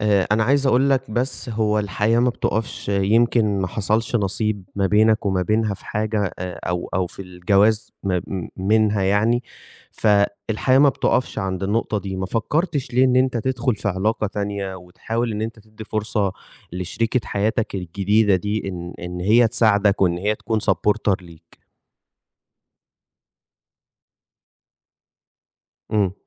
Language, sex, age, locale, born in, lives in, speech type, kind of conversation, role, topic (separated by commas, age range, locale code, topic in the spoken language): Arabic, male, 20-24, ar-EG, Egypt, Egypt, conversation, advice, advisor, إزاي أبدأ أستعيد إحساسي بنفسي وباتجاهي في حياتي بعد الانفصال؟